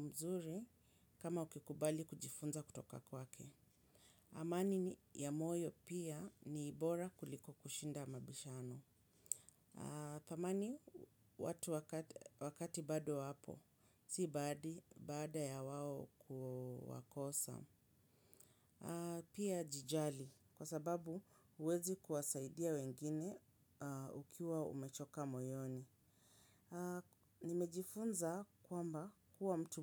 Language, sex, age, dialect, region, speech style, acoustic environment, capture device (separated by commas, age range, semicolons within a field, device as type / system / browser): Swahili, female, 35-44, Kenya - Nairobi Sheng-influenced, Kenya, happy; relaxed; focused; excited, people talking; nature; silence; appliances, mobile / Linux / Chrome